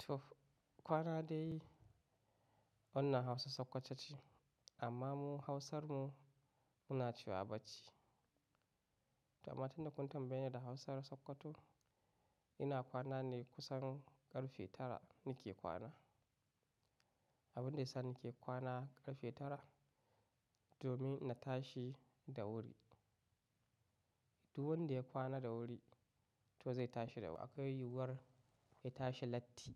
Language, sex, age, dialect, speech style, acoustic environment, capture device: Hausa, male, 25-34, Nigeria - Katsina, happy, nature, mobile / macOS / Safari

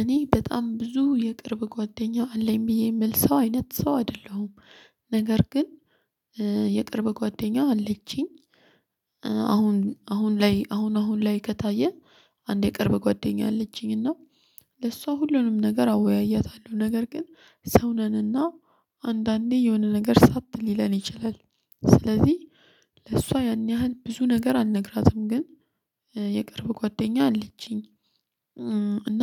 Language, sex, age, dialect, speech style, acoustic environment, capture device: Amharic, female, 25-34, Ethiopia - Addis Ababa, focused, silence, mobile / Linux / Chrome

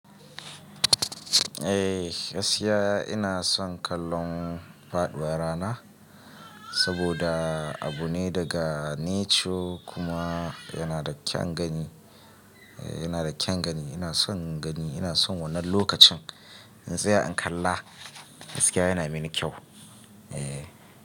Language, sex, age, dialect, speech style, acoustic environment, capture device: Hausa, male, 25-34, Nigeria - Kano, relaxed, nature, mobile / Linux / Chrome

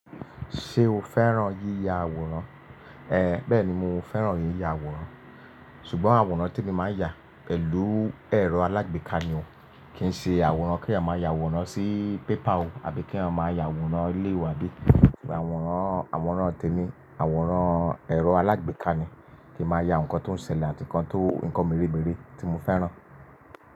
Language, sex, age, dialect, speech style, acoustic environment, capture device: Yoruba, male, 25-34, Nigeria - Lagos Yoruba, relaxed, silence, mobile / Linux / Chrome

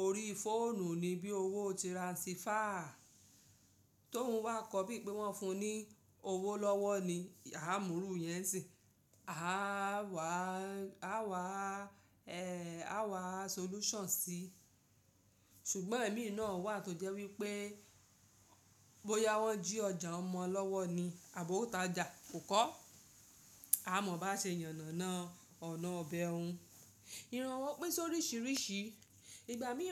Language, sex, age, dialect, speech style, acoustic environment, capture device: Yoruba, female, 35-44, Nigeria - Lagos Yoruba, relaxed, silence, mobile / Linux / Chrome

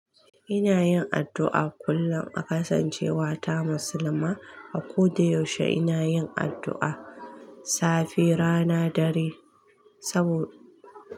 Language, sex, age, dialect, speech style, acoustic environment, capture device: Hausa, female, 25-34, Nigeria - Kano, relaxed, silence, mobile / Linux / Chrome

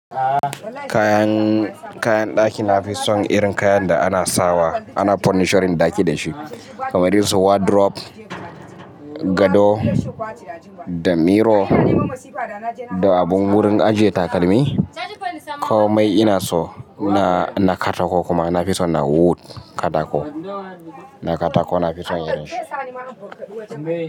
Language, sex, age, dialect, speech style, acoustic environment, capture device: Hausa, male, 25-34, Nigeria - Kano, happy, nature, mobile / Linux / Chrome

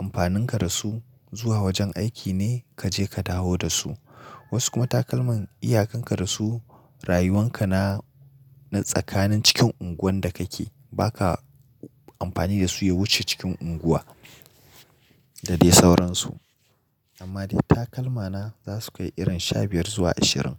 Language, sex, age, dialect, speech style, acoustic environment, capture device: Hausa, male, 25-34, Nigeria - Nigerian Standard, happy; excited; focused, nature; silence, mobile / Linux / Chrome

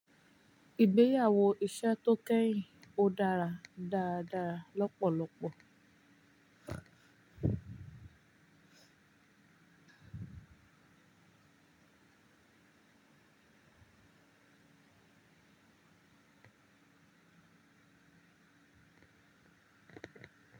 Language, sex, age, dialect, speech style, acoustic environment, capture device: Yoruba, female, 18-24, Nigeria - Ibadan, relaxed; focused, silence; nature, mobile / Linux / Chrome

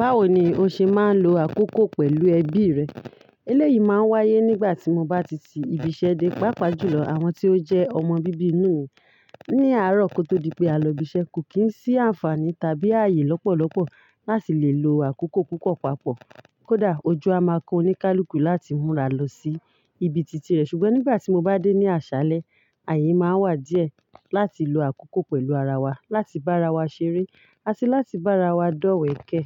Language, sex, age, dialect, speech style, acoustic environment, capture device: Yoruba, female, 35-44, Nigeria - Ibadan, focused, silence, mobile / Linux / Chrome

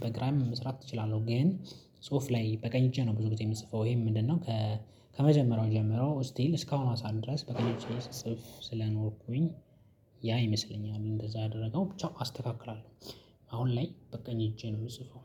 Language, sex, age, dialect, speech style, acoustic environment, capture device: Amharic, male, 25-34, Ethiopia - Addis Ababa, focused, silence, mobile / Linux / Chrome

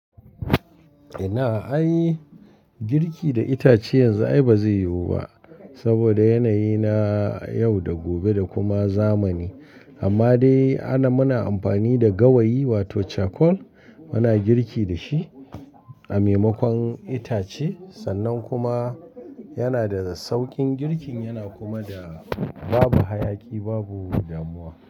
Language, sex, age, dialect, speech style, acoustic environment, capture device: Hausa, male, 45-54, Nigeria - Kano, stressed, people talking; appliances, mobile / Linux / Chrome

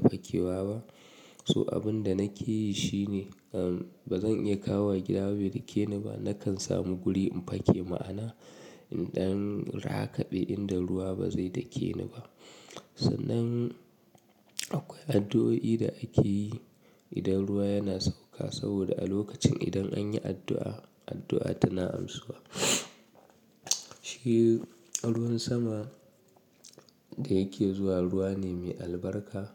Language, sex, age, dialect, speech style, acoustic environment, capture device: Hausa, male, 25-34, Nigeria - Kano, relaxed; nervous, nature; appliances, mobile / Linux / Chrome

